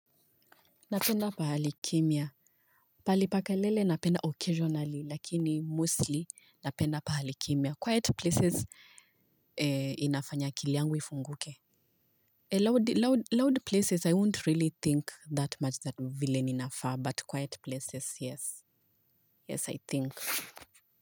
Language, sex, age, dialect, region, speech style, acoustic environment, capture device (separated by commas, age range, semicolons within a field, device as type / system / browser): Swahili, female, 25-34, Kenya - Nairobi Sheng-influenced, Kenya, focused, nature, mobile / Linux / Chrome